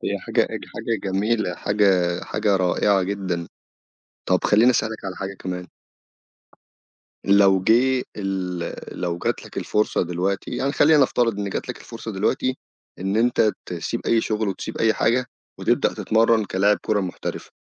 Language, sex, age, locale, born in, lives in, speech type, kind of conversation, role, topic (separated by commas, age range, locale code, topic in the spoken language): Arabic, male, 25-29, ar-EG, Egypt, Egypt, conversation, podcast, host, إزاي اكتشفت شغفك الحقيقي؟